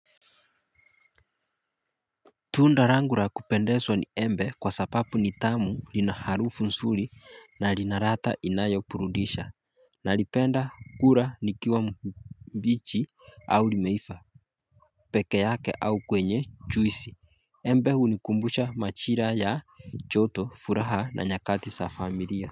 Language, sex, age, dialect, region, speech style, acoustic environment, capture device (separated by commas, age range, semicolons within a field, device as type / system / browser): Swahili, male, 25-34, Kenya - Mombasa, Kenya, focused, silence, mobile / Linux / Chrome